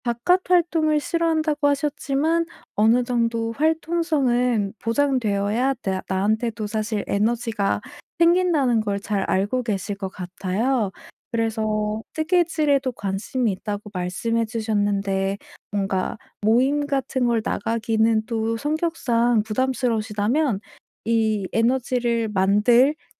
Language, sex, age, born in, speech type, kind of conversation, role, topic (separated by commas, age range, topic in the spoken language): Korean, female, 25-29, South Korea, conversation, advice, advisor, 체력이 많이 떨어졌을 때 일상생활을 어떻게 재구성하면 좋을까요?